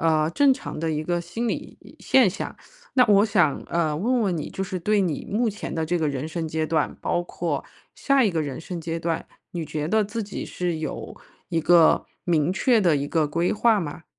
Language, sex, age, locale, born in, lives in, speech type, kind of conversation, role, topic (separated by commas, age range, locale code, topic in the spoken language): Chinese, female, 35-39, zh-CN, China, United States, conversation, advice, advisor, 我怎样才能减少因与他人比较而产生的社交攀比压力？